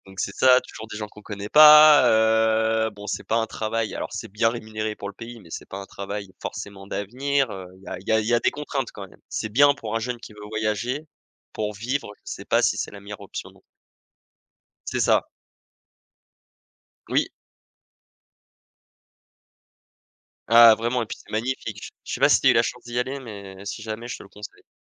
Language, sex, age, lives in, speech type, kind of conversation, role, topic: French, male, 25-29, France, conversation, unstructured, none, Qu’est-ce qui te plaît le plus dans ta ville ou ton quartier ?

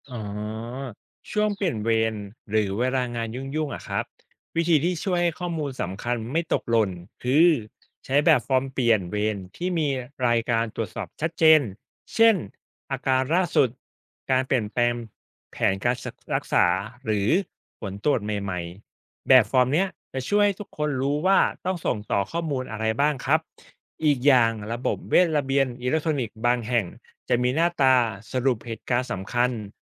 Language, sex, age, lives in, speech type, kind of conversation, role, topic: Thai, male, 35-39, Thailand, read, expert-assistant, expert, มีวิธีไหนช่วยให้ทีมแพทย์กับพยาบาลสื่อสารข้อมูลผู้ป่วยได้มีประสิทธิภาพมากขึ้นไหม?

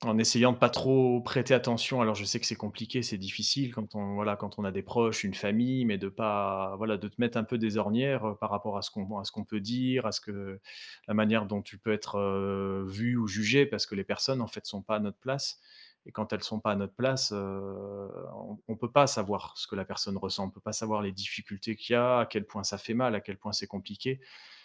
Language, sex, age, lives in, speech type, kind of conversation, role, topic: French, male, 35-39, France, conversation, advice, advisor, Pourquoi est-ce que je me sens coupable de prendre un arrêt maladie pour un burn-out ?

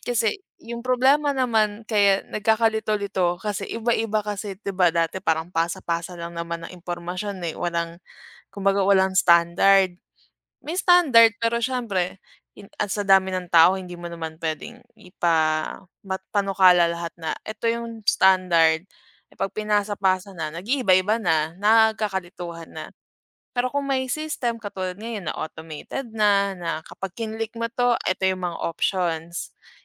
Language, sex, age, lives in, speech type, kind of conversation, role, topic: Filipino, female, 25-29, Philippines, conversation, unstructured, none, Ano ang opinyon mo tungkol sa mga protesta laban sa hindi patas na batas?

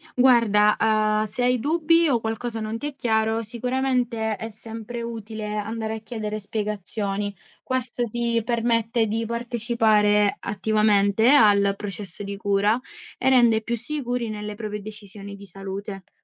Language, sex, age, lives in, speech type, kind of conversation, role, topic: Italian, female, 20-24, Italy, read, expert-assistant, expert, Come si sceglie e si valuta la terapia migliore per il diabete?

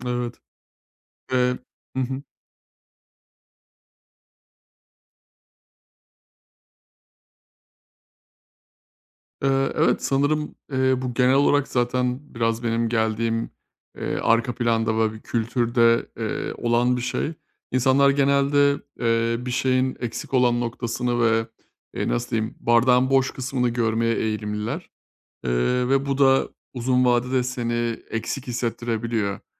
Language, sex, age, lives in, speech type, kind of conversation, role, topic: Turkish, male, 30-34, Bulgaria, conversation, advice, user, Eleştiri alırken bunu sürekli kişisel algılamaktan kendimi nasıl alıkoyabilirim?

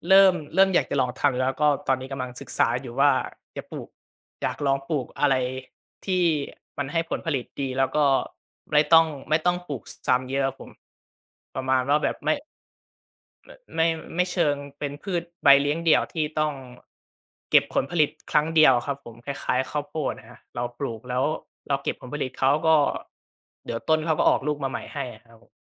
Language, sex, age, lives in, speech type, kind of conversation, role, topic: Thai, male, 20-24, Thailand, conversation, podcast, guest, คุณหาแรงบันดาลใจจากอะไรบ้าง?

